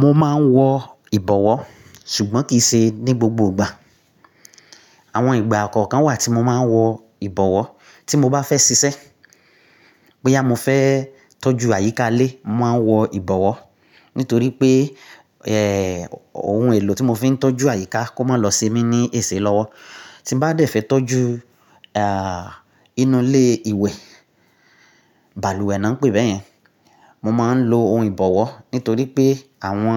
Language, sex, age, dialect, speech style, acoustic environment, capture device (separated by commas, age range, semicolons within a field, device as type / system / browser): Yoruba, male, 35-44, Nigeria - Nigerian Standard, relaxed; focused, silence, mobile / Linux / Chrome